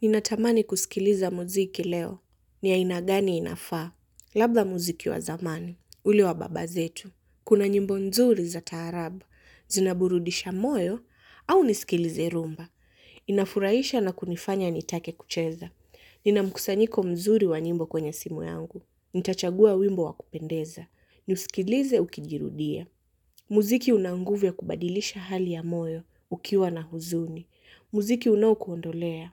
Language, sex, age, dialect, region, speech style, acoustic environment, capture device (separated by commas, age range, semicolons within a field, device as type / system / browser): Swahili, female, 18-24, Kenya - Mombasa, Kenya, stressed; happy, nature, mobile / Linux / Chrome